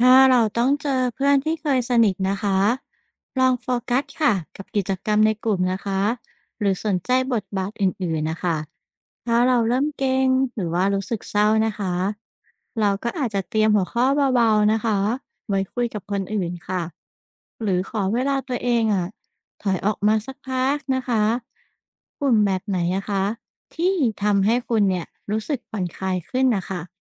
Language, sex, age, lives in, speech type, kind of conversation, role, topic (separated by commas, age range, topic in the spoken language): Thai, female, 35-39, Thailand, read, expert-assistant, expert, เมื่อความสัมพันธ์ระหว่างเพื่อนเริ่มห่างเหินหรือเย็นชา มีวิธีสังเกตและวิเคราะห์สาเหตุได้อย่างไรบ้าง?